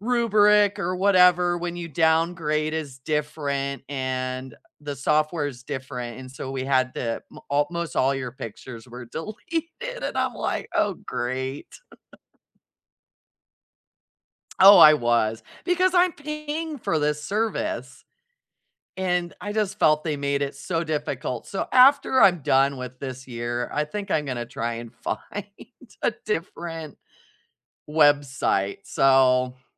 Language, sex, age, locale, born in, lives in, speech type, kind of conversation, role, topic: English, female, 40-44, en-US, United States, United States, conversation, unstructured, none, What’s something surprising you’ve learned while working toward a goal?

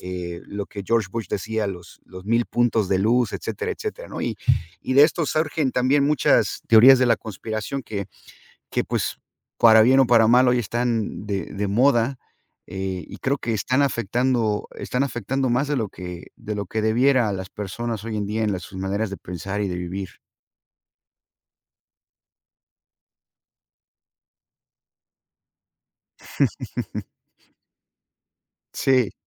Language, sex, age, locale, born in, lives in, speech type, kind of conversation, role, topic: Spanish, male, 50-54, es-MX, United States, United States, conversation, unstructured, none, ¿Qué evento histórico crees que cambió el mundo para siempre?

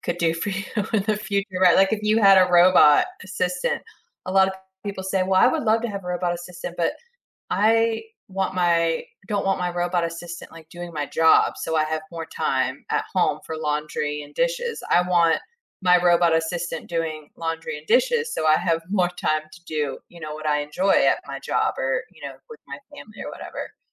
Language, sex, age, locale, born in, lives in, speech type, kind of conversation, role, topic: English, female, 35-39, en-US, United States, United States, conversation, advice, advisor, How can I balance multiple projects and avoid burnout?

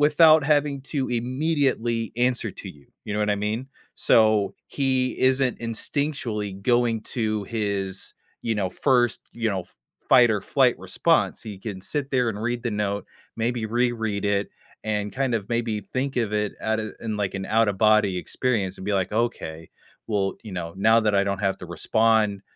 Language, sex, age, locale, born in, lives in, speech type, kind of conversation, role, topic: English, male, 30-34, en-US, United States, United States, conversation, advice, advisor, How do I start honest conversations with my partner?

